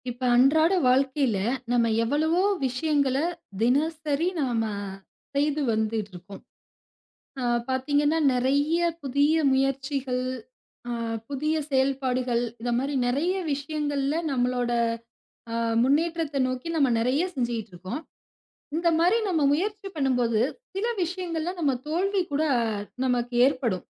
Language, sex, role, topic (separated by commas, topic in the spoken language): Tamil, female, host, தோல்வி ஏற்பட்டால் அதை படைப்பாற்றலுக்கான ஆற்றலாக மாற்ற முடியுமா? எப்படி?